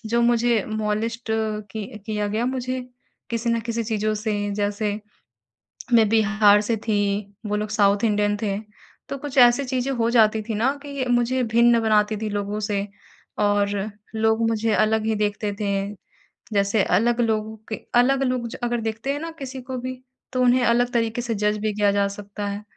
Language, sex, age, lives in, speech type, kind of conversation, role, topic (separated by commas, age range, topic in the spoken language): Hindi, female, 55-59, India, conversation, advice, user, इस बदलाव के दौरान मैं अपनी रोज़मर्रा की सुरक्षा और भावनात्मक स्थिरता कैसे पा सकता/सकती हूँ?